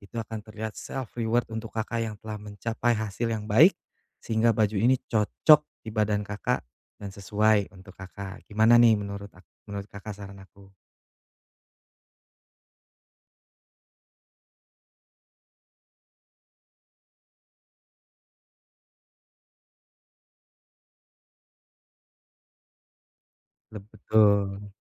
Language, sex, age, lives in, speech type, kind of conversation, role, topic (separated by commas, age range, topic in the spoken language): Indonesian, male, 35-39, Indonesia, conversation, advice, advisor, Bagaimana cara memberi hadiah pada diri sendiri agar tetap konsisten setiap hari?